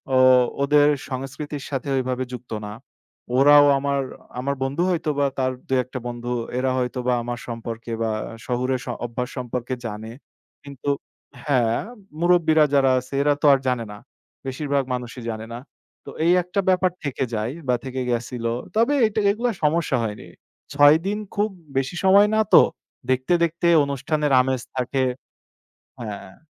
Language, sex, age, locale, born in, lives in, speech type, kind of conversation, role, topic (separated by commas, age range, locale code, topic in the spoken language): Bengali, male, 25-29, bn-BD, Bangladesh, Bangladesh, conversation, podcast, guest, ভ্রমণের সময় আপনার সবচেয়ে মজার ঘটনাটি কী ছিল?